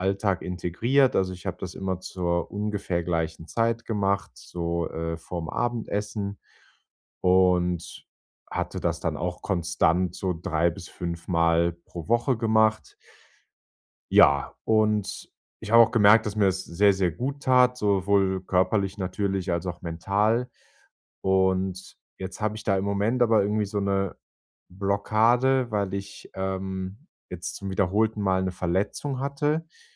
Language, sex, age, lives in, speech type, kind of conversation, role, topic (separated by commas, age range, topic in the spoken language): German, male, 25-29, Germany, conversation, advice, user, Wie kann ich mentale Blockaden überwinden und motivierter werden?